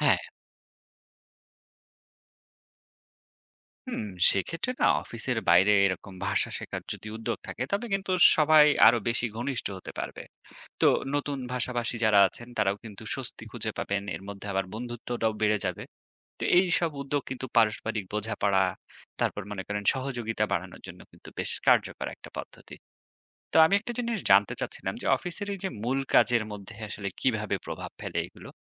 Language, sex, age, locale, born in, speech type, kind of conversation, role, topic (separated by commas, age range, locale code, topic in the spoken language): Bengali, male, 30-34, bn-BD, Bangladesh, read, expert-assistant, asker, দ্বিভাষিক বা বহুভাষিক কর্মস্থলে ভাষার পার্থক্যের কারণে ভুল বোঝাবুঝি বা সংঘাত সৃষ্টি হলে, তা কীভাবে সমাধান করা যায়?